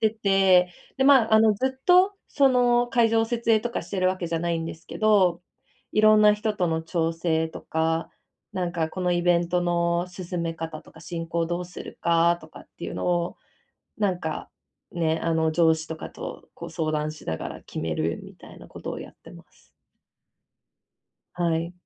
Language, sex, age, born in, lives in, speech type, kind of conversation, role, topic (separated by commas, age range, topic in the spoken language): Japanese, female, 25-29, Japan, Japan, conversation, advice, user, 無意味に感じる仕事の中で、どうすれば目的や意味を見いだせますか？